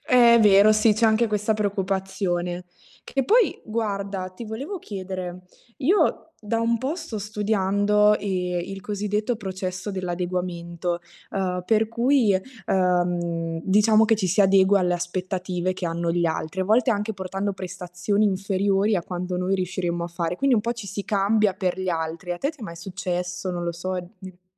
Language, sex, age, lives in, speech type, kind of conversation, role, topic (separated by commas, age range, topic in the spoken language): Italian, female, 20-24, Italy, conversation, unstructured, none, Come affronti la paura di non essere accettato dagli altri?